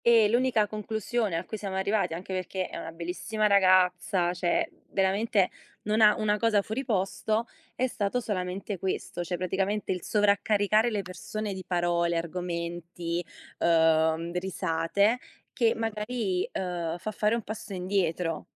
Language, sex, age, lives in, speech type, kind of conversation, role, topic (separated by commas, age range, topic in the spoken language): Italian, female, 25-29, Italy, conversation, unstructured, none, Come ti senti quando riesci a esprimerti liberamente?